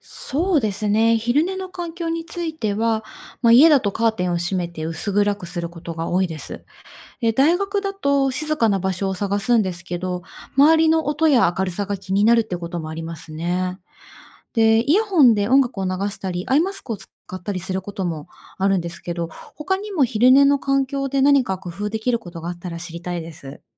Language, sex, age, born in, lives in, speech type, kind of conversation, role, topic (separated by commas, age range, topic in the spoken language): Japanese, female, 30-34, Japan, Japan, read, expert-assistant, asker, 睡眠が不足すると体や脳にどんな影響がありますか？